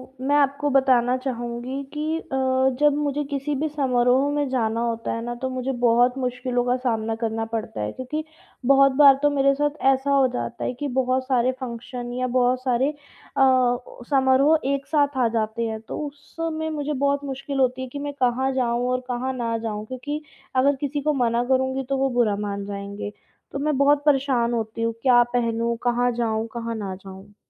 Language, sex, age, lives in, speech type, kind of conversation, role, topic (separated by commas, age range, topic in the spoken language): Hindi, female, 20-24, India, conversation, advice, user, मैं समारोह में दूसरों की अपेक्षाओं से कैसे मुक्त रहूँ?